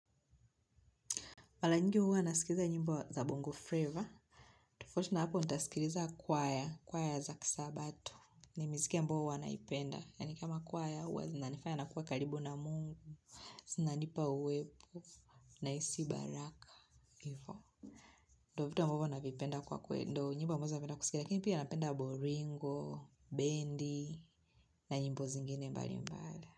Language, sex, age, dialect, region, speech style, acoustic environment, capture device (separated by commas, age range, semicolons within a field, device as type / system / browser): Swahili, female, 25-34, Tanzania - Dar es Salaam, Tanzania, tired; focused, music; nature; silence, mobile / Linux / Chrome